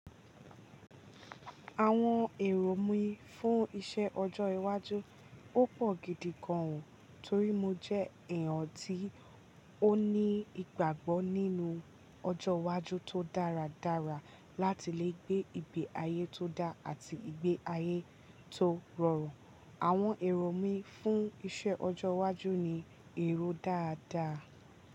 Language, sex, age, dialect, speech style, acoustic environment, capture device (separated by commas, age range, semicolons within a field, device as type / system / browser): Yoruba, female, 18-24, Nigeria - Ibadan, relaxed, nature, mobile / Linux / Chrome